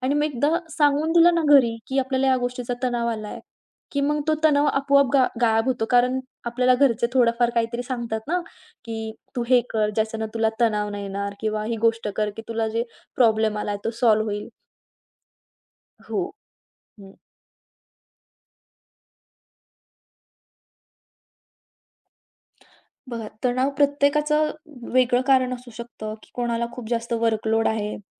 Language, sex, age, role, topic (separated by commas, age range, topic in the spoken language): Marathi, female, 20-24, guest, घरातील लहान ताणतणाव मिटवण्यासाठी तुम्ही काय करता?